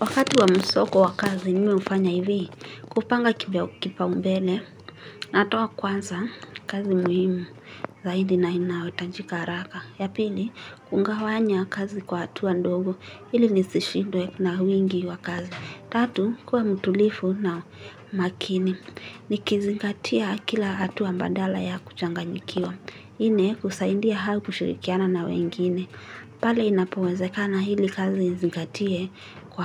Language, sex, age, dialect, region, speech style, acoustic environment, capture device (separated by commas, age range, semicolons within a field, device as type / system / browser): Swahili, female, 18-24, Kenya - Nairobi Sheng-influenced, Kenya, nervous, people talking; nature; appliances, mobile / Linux / Chrome